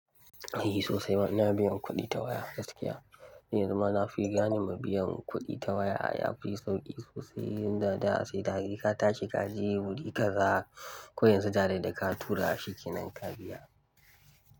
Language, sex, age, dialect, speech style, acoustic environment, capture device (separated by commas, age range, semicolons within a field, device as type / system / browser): Hausa, male, 18-24, Nigeria - Kano, happy, nature, mobile / Linux / Chrome